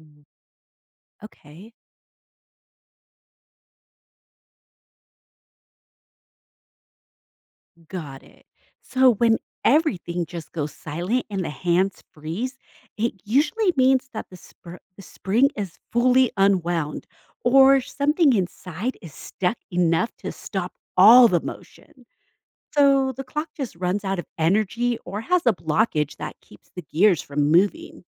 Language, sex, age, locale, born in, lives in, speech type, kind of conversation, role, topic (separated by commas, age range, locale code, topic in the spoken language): English, female, 40-44, en-US, United States, United States, read, expert-assistant, expert, How does a clock keep ticking accurately?